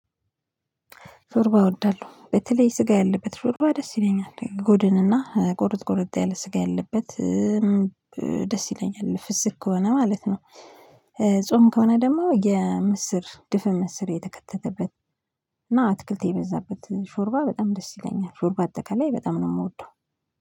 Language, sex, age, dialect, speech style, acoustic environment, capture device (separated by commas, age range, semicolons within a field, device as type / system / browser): Amharic, female, 25-34, Ethiopia - Addis Ababa, focused, silence, mobile / Linux / Chrome